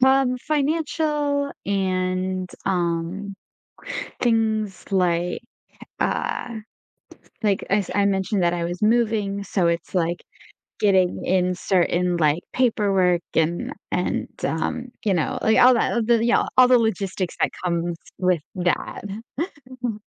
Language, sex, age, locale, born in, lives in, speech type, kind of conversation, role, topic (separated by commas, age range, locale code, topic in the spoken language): English, female, 25-29, en-US, United States, United States, conversation, advice, user, How can I manage stress from daily responsibilities?